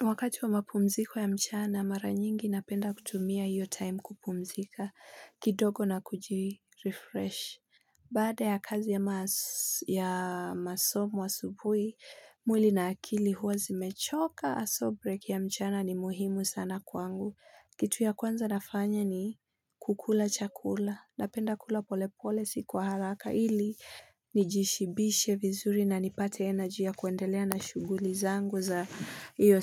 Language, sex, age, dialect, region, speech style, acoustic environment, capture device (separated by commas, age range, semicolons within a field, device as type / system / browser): Swahili, female, 25-34, Kenya - Nairobi Sheng-influenced, Kenya, happy, silence, mobile / Linux / Chrome